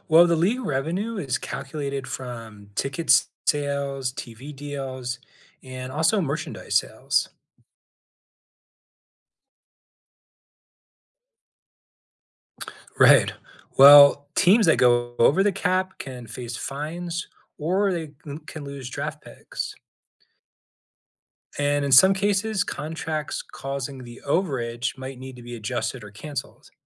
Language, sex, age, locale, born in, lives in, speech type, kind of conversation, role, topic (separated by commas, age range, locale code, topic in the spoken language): English, male, 40-44, en-US, United States, United States, read, expert-assistant, expert, Explain how the salary cap works in the NFL.